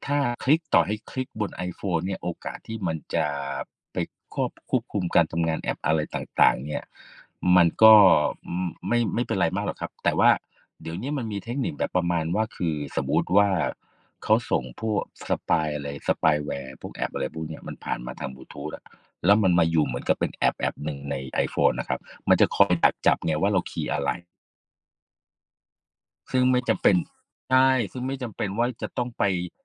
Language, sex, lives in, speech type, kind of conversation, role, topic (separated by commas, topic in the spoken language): Thai, male, Thailand, conversation, unstructured, none, การเก็บข้อมูลส่วนตัวไว้ในโทรศัพท์มือถือเป็นเรื่องน่ากลัวไหม?